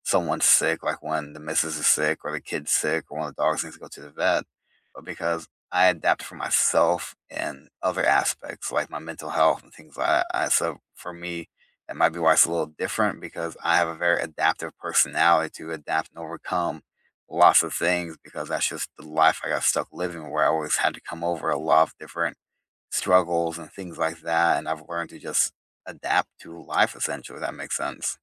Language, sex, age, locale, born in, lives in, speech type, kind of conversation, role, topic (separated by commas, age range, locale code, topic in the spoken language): English, male, 30-34, en-US, United States, United States, conversation, unstructured, none, Should you stick to a strict morning routine every day, or adapt each morning to changing schedules and demands?